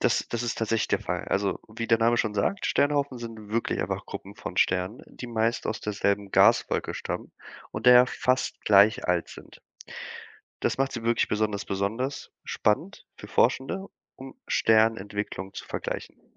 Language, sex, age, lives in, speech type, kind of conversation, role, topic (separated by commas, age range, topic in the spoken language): German, male, 25-29, Germany, read, expert-assistant, expert, Was meinen Forschende eigentlich, wenn sie sagen, ein Stern „brennt“? Brennt ein Stern wirklich wie ein Lagerfeuer?